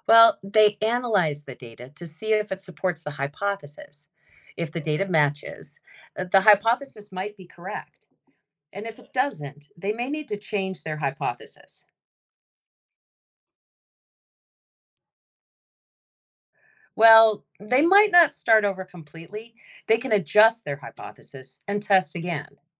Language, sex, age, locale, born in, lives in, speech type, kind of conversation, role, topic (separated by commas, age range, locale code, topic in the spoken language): English, female, 50-54, en-US, United States, United States, read, expert-assistant, expert, How do scientists use the scientific method to solve problems?